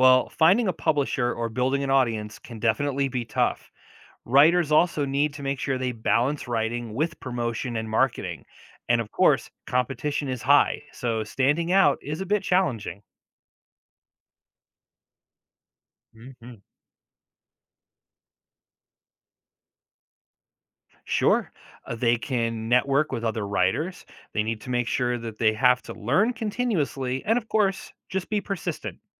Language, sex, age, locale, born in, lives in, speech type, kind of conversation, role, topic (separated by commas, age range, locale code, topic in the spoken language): English, male, 40-44, en-US, United States, United States, read, expert-assistant, expert, Explain the process of writing and publishing a book.